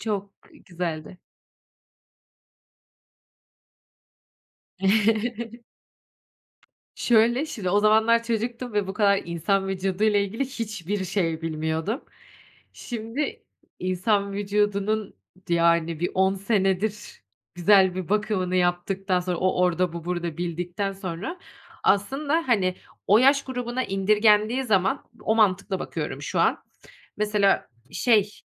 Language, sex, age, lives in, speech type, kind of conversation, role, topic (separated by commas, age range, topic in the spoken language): Turkish, female, 30-34, Netherlands, conversation, podcast, guest, Nostaljik çizgi filmlerden hangisi seni en çok etkiledi?